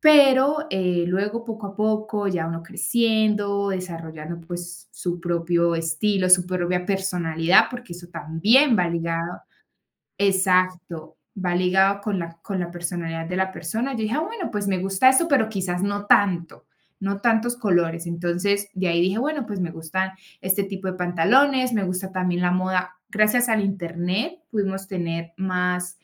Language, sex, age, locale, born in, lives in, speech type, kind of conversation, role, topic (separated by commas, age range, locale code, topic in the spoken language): Spanish, female, 25-29, es-CO, Colombia, Spain, conversation, podcast, guest, ¿Cómo describirías tu estilo personal?